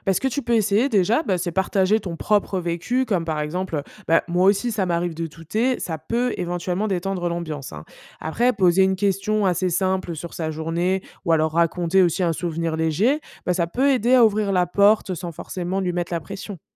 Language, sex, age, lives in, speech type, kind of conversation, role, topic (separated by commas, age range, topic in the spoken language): French, female, 25-29, France, read, expert-assistant, expert, Que veut dire « confiance en soi » quand on parle du développement d’un enfant ?